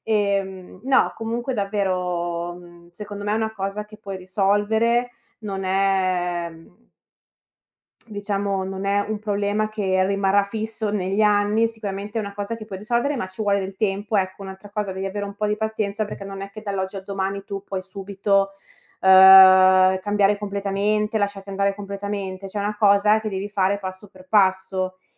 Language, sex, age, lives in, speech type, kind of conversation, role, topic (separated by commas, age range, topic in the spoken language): Italian, female, 30-34, Italy, conversation, advice, advisor, Come posso superare la paura di mostrarmi vulnerabile in una relazione?